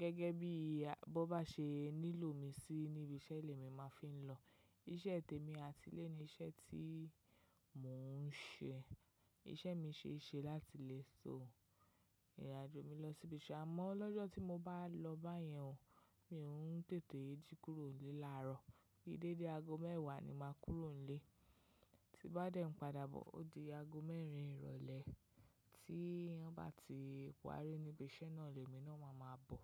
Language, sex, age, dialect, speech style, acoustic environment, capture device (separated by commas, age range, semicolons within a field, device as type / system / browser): Yoruba, female, 25-34, Nigeria - Lagos Yoruba, relaxed, nature, mobile / macOS / Safari